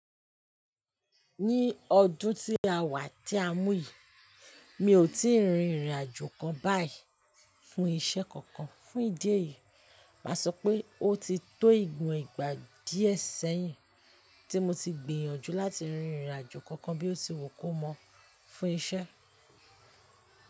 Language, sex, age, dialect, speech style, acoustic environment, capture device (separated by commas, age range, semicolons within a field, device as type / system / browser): Yoruba, female, 25-34, Nigeria - Ibadan, happy, people talking, mobile / Linux / Chrome